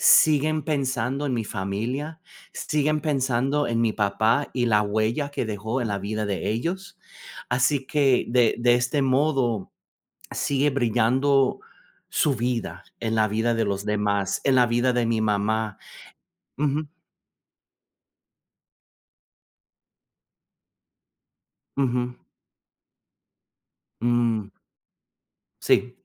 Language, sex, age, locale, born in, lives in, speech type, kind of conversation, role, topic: Spanish, male, 40-44, es-US, United States, United States, conversation, unstructured, none, ¿Qué crees que ayuda a sobrellevar el dolor después de una muerte?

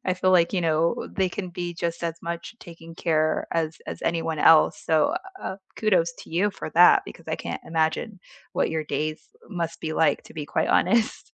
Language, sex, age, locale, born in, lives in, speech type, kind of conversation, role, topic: English, female, 30-34, en-US, United States, United States, conversation, unstructured, none, What routine helps you unwind after a long day?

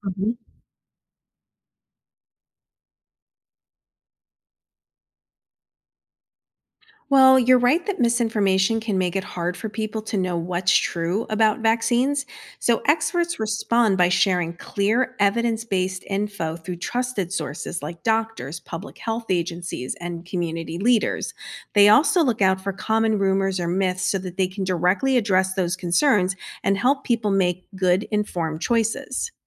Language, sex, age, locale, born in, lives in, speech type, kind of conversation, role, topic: English, female, 50-54, en-US, United States, United States, read, expert-assistant, expert, How do vaccines protect individuals and communities from disease?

